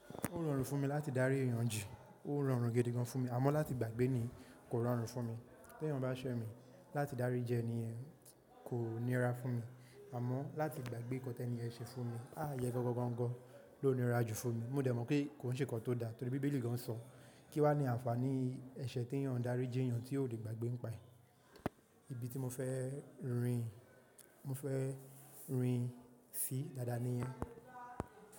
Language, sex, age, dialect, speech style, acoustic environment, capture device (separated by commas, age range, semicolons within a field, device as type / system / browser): Yoruba, male, 18-24, Nigeria - Nigerian Standard, relaxed, nature, mobile / macOS / Safari